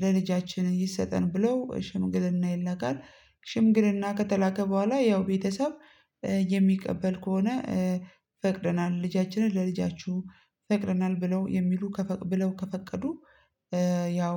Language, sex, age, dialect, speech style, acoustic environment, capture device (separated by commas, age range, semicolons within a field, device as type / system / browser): Amharic, female, 25-34, Ethiopia - Addis Ababa, happy, silence, mobile / Linux / Chrome